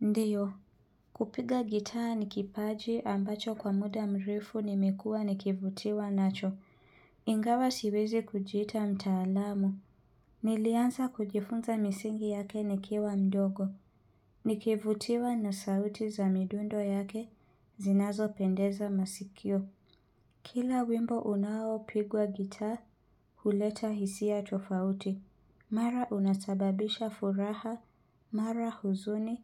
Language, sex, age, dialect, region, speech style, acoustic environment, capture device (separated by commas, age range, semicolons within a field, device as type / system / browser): Swahili, female, 25-34, Kenya - Nairobi Sheng-influenced, Kenya, happy, silence, mobile / Linux / Chrome